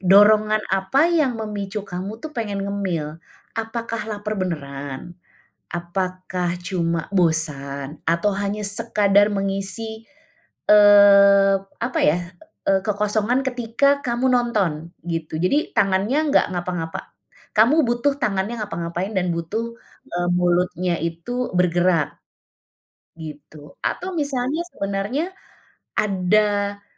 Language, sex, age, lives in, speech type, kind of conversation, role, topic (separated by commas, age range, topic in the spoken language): Indonesian, female, 45-49, Indonesia, conversation, advice, advisor, Apa yang membuat kamu sangat ingin ngemil makanan manis setiap malam?